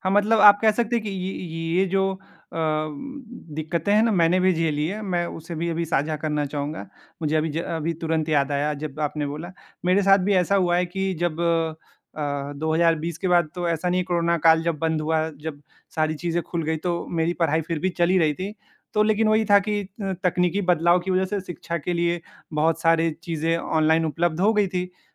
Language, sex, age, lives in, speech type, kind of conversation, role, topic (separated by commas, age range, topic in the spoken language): Hindi, male, 18-19, India, conversation, unstructured, none, क्या तकनीक ने शिक्षा को सभी के लिए अधिक आसान और सुलभ बनाया है?